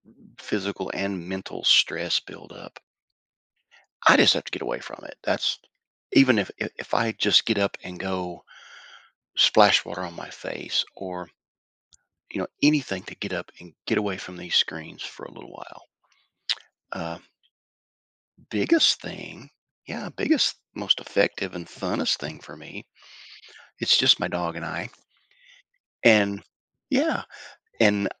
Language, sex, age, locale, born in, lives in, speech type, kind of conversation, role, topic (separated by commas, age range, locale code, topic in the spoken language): English, male, 55-59, en-US, United States, United States, conversation, podcast, guest, How do you incorporate stress management into your everyday life?